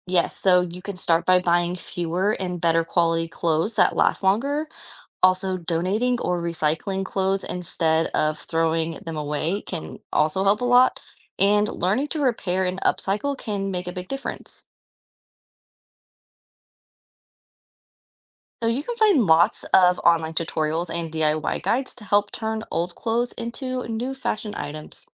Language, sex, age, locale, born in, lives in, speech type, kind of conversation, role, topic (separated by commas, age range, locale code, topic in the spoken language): English, female, 25-29, en-US, United States, United States, read, expert-assistant, expert, What is fast fashion?